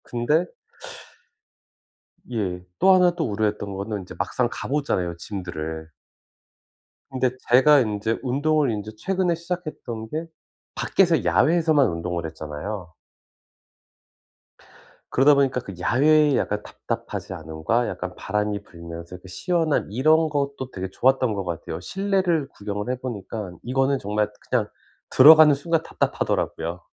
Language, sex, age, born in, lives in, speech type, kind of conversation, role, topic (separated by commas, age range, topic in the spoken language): Korean, male, 40-44, South Korea, United States, conversation, advice, user, 정신적 스트레스를 일상에서 어떻게 효과적으로 관리할 수 있을까요?